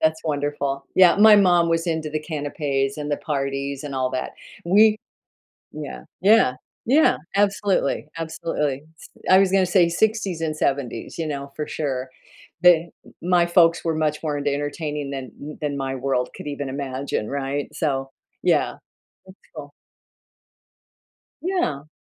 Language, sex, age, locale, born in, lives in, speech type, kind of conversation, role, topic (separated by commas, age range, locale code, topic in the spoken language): English, female, 70-74, en-US, United States, United States, conversation, podcast, host, How do traditions help build a sense of belonging and connection in communities?